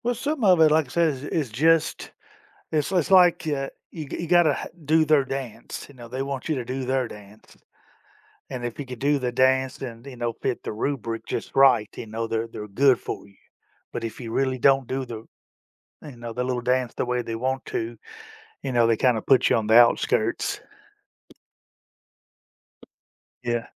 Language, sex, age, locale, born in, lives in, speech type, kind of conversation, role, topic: English, male, 50-54, en-US, United States, United States, conversation, advice, user, How can I address feeling unappreciated at work?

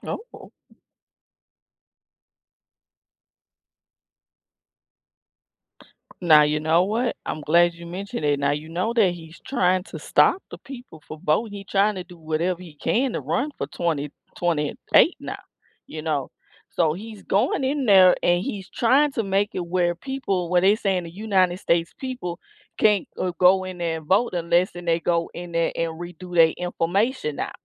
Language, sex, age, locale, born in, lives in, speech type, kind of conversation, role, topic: English, female, 35-39, en-US, United States, United States, conversation, unstructured, none, What is your opinion of politicians who put personal gain first?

